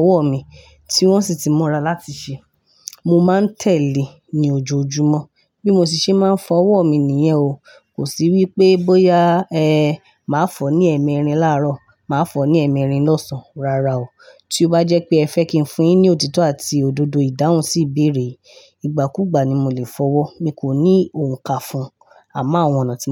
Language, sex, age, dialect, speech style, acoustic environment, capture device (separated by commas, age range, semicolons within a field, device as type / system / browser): Yoruba, female, 25-34, Nigeria - Ibadan, happy; excited; focused; relaxed, nature; silence; construction, mobile / Linux / Chrome